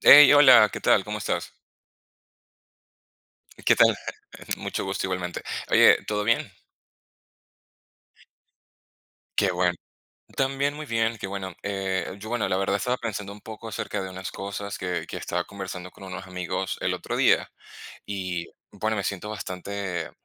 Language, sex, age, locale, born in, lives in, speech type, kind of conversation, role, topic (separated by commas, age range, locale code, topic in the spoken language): Spanish, male, 30-34, es-VE, Venezuela, Spain, conversation, unstructured, none, ¿Qué hábito te ha ayudado más a mejorar como persona?